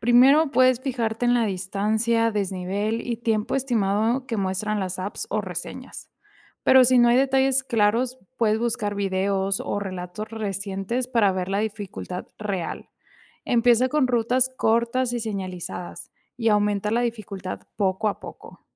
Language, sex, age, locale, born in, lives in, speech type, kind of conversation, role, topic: Spanish, female, 25-29, es-MX, Mexico, Mexico, read, expert-assistant, expert, ¿Cuáles son las fuentes más confiables para informarse sobre senderismo y rutas al aire libre en Latinoamérica?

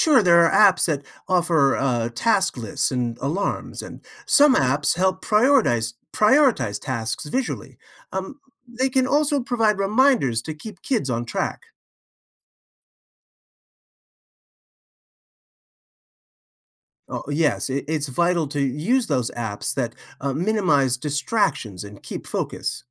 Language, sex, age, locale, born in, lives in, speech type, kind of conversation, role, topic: English, male, 55-59, en-US, United States, United States, read, expert-assistant, expert, What are some strategies for helping kids manage their time?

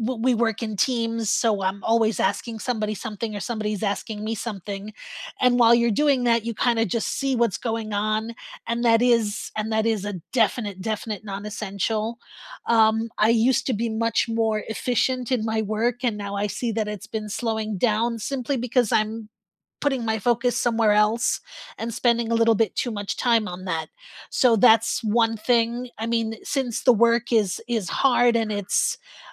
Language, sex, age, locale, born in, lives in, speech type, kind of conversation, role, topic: English, female, 50-54, en-US, United States, United States, conversation, unstructured, none, If you cleared your schedule of nonessential commitments, what would you make time for over the next year?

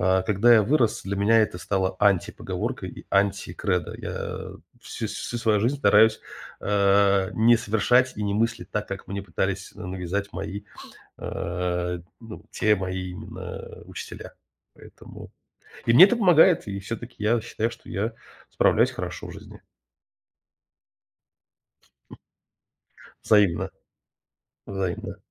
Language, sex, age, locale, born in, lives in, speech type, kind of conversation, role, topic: Russian, male, 35-39, ru-RU, Russia, United States, conversation, podcast, guest, Как школа может лучше готовить подростков к взрослой жизни?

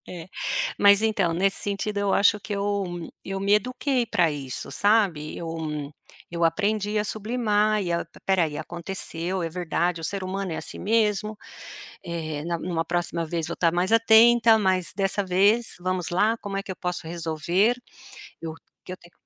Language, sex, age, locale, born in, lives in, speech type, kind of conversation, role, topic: Portuguese, female, 55-59, pt-BR, Brazil, United States, conversation, podcast, guest, Como você pode aprender com os seus erros sem se punir?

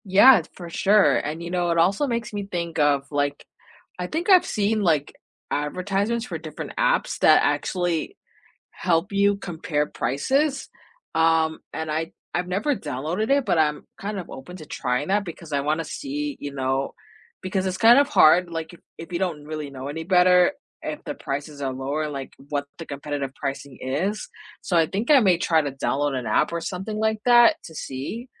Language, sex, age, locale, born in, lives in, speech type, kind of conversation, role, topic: English, female, 25-29, en-US, United States, United States, conversation, unstructured, none, How do you react when a store refuses to match a competitor’s price?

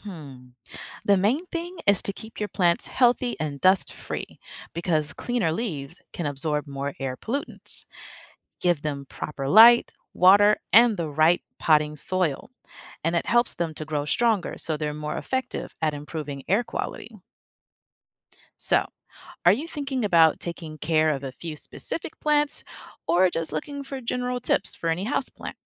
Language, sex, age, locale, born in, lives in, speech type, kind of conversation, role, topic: English, female, 25-29, en-US, United States, United States, read, expert-assistant, expert, How do plants help keep the air clean?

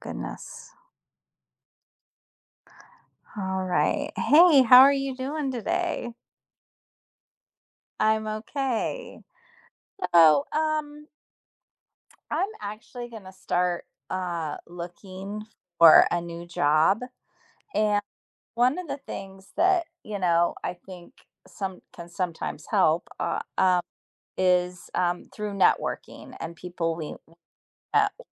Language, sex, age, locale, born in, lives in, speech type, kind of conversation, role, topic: English, female, 50-54, en-US, United States, United States, conversation, unstructured, none, In what ways can connecting with others open doors to personal and professional growth?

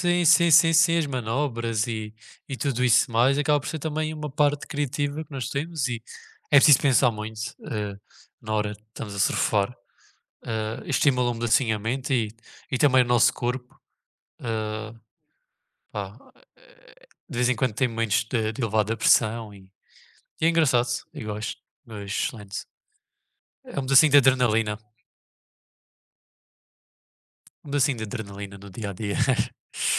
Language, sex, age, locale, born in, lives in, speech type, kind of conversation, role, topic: Portuguese, male, 20-24, pt-PT, Portugal, Portugal, conversation, unstructured, none, O que motiva você a continuar praticando um passatempo?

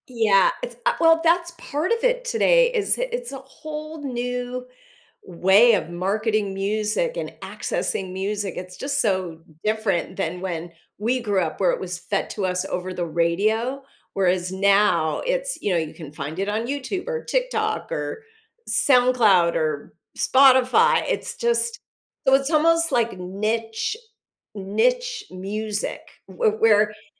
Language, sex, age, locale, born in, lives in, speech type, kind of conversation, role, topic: English, female, 55-59, en-US, United States, United States, conversation, podcast, guest, How can a hobby positively impact someone's life and well-being?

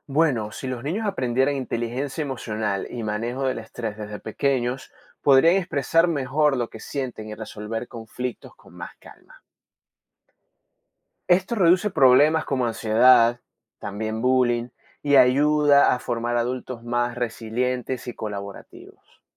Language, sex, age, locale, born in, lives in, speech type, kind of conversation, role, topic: Spanish, male, 30-34, es-VE, Venezuela, Spain, read, expert-assistant, expert, ¿Por qué es importante enseñar inteligencia emocional y manejo del estrés desde la infancia?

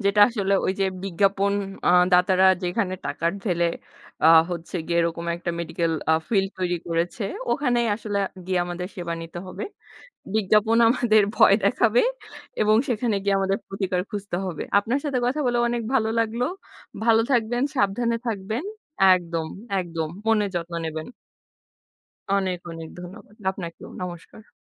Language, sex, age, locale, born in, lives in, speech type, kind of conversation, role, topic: Bengali, female, 25-29, bn-BD, Bangladesh, Bangladesh, conversation, unstructured, none, কীভাবে বিজ্ঞাপন আমাদের মধ্যে ভয় বাড়িয়ে তোলে?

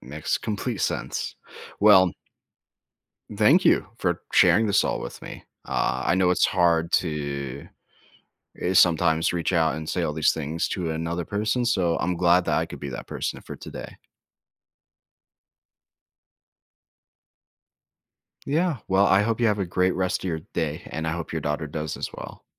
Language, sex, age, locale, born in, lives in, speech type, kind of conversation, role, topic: English, male, 20-24, en-US, United States, United States, conversation, advice, advisor, How can I cope after a recent breakup?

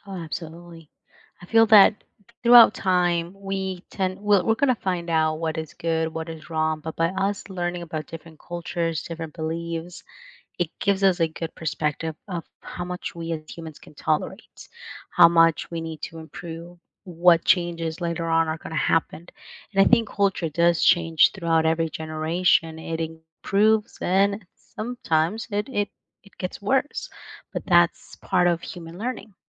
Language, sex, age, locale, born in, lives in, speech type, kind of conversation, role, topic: English, female, 30-34, en-US, United States, United States, conversation, unstructured, none, What is something you learned from another culture that changed your perspective?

